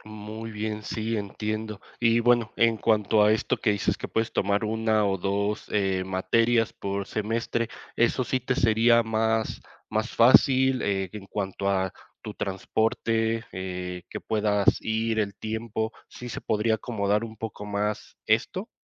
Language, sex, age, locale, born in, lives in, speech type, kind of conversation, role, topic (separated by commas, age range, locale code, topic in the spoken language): Spanish, male, 30-34, es-MX, Mexico, Mexico, conversation, advice, advisor, ¿Cómo puedo volver a estudiar siendo adulto y equilibrar mis responsabilidades?